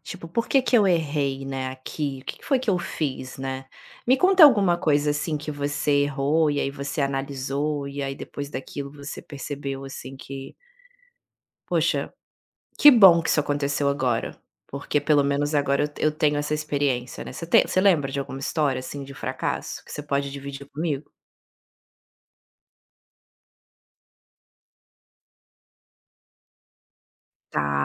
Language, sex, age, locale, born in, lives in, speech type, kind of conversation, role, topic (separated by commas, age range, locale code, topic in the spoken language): Portuguese, female, 35-39, pt-BR, Brazil, Italy, conversation, podcast, host, Como contar um fracasso de forma construtiva?